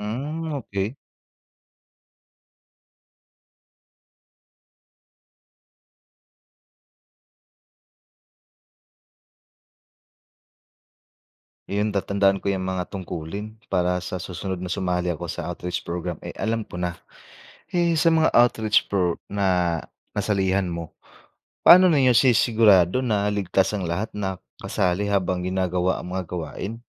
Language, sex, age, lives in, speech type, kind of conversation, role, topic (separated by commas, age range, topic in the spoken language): Filipino, male, 25-29, Philippines, read, expert-assistant, asker, Paano nakakatulong ang paglahok sa mga outreach program sa paghubog ng pagkatao?